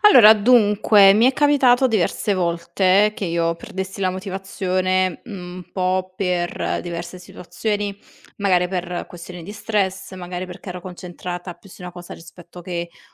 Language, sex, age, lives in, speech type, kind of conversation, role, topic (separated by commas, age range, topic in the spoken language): Italian, female, 25-29, Italy, conversation, podcast, guest, Cosa fai quando perdi la motivazione?